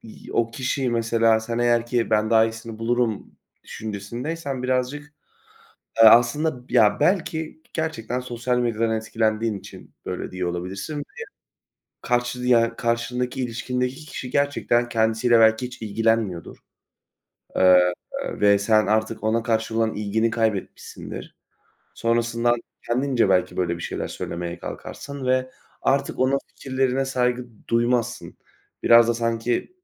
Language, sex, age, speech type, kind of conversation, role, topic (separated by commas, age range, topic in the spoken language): Turkish, male, 20-24, conversation, unstructured, none, İlişkide farklılıklara saygı duymanın önemi nedir?